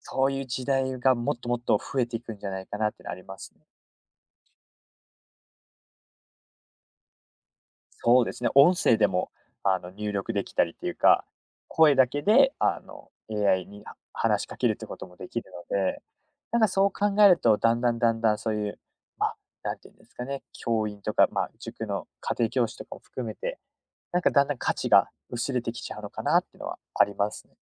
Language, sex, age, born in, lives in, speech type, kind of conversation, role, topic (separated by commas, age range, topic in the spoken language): Japanese, male, 20-24, United States, Japan, conversation, podcast, guest, 今後、AIは私たちの日常生活をどのように変えると思いますか？